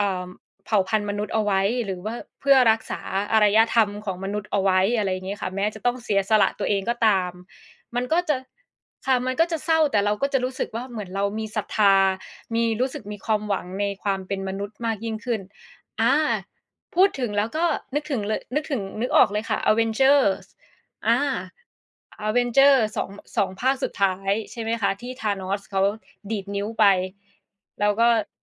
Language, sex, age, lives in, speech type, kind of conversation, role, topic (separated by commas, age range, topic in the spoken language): Thai, female, 30-34, Thailand, conversation, unstructured, none, คุณเคยรู้สึกเศร้าจากหนังเรื่องไหนบ้าง?